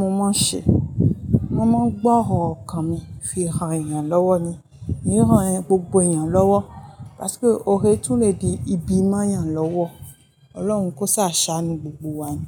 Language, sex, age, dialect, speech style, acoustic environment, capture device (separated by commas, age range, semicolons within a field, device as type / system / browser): Yoruba, female, 25-34, Nigeria - Ijebu, happy; focused, people talking; nature, mobile / Linux / Chrome